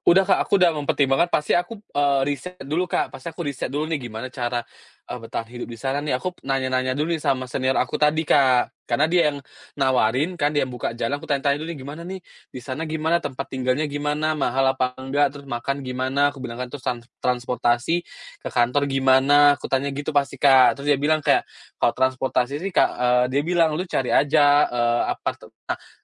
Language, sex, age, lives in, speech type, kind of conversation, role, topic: Indonesian, male, 30-34, Indonesia, conversation, podcast, guest, Bagaimana Anda memutuskan untuk pindah ke kota atau negara lain?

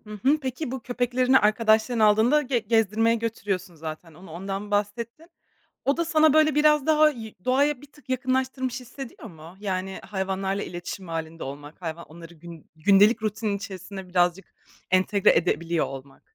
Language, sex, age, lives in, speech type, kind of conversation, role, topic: Turkish, female, 25-29, Germany, conversation, podcast, host, Şehirde yaşarken doğaya nasıl yakın hissedebilir ve doğayla bağını nasıl güçlendirebilirsin?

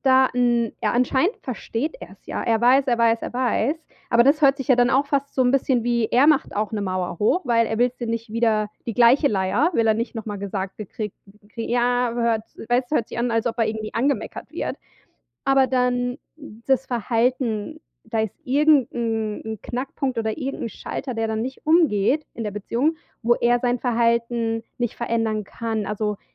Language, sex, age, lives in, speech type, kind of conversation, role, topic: German, female, 35-39, United States, conversation, advice, advisor, Wie kann ich daran arbeiten, meinem Partner wieder zu vertrauen?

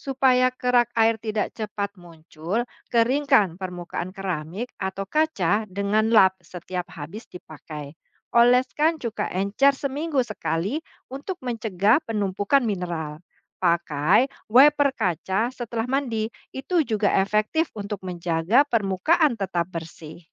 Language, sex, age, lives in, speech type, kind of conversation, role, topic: Indonesian, female, 45-49, Indonesia, read, expert-assistant, expert, Bagaimana cara memulai hidup lebih ramah lingkungan dengan langkah sederhana?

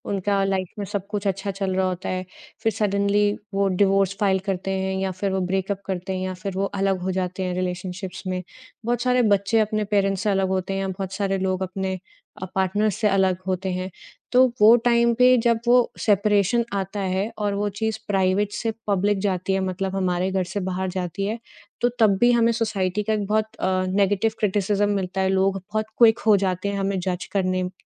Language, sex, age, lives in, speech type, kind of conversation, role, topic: Hindi, female, 30-34, India, conversation, podcast, host, Negative comments aane par aap apni reputation kaise sambhalte ho?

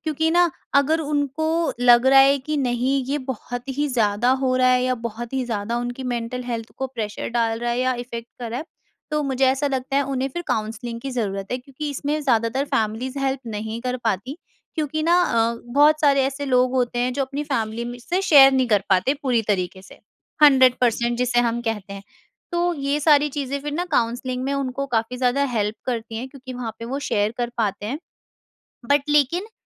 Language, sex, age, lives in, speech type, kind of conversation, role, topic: Hindi, female, 50-54, India, conversation, podcast, guest, Family expectations ke pressure mein mental health ko ignore karna: aap kya suggest karenge?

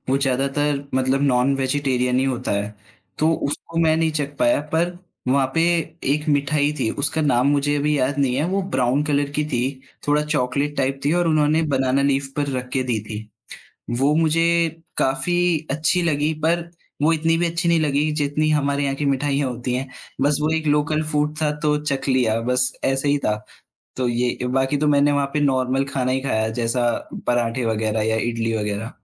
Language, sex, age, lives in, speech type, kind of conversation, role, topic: Hindi, male, 20-24, India, conversation, podcast, guest, Tumhara sabse yaadgaar safar ka kaunsa anubhav raha?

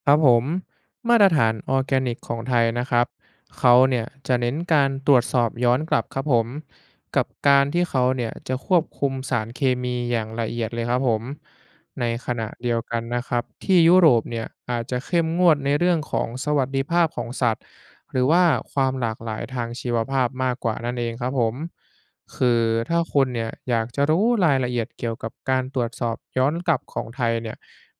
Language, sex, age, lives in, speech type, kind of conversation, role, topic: Thai, male, 20-24, Thailand, read, expert-assistant, expert, เวลาที่เห็นฉลาก 'ออร์แกนิก' บนอาหาร มันมีความหมายอย่างไรในกระบวนการผลิตจริง ๆ?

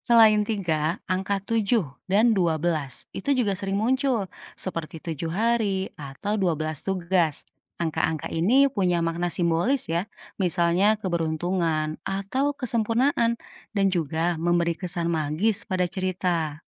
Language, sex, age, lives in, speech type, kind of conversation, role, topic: Indonesian, female, 35-39, Indonesia, read, expert-assistant, expert, Bagaimana peran dongeng dan cerita rakyat dalam membentuk cara orang menulis cerita sekarang?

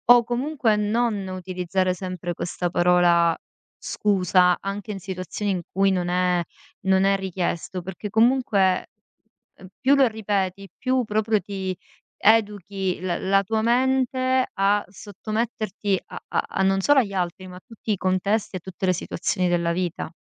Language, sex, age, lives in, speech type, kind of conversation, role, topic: Italian, female, 35-39, Italy, conversation, advice, advisor, Perché mi scuso sempre anche quando non sono in torto?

